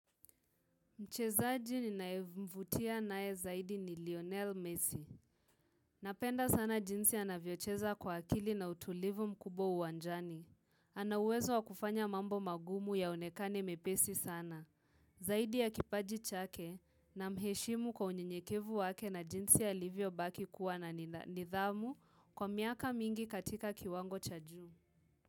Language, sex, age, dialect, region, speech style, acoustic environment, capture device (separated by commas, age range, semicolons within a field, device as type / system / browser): Swahili, female, 18-24, Kenya - Nairobi Sheng-influenced, Kenya, relaxed, nature, mobile / Linux / Chrome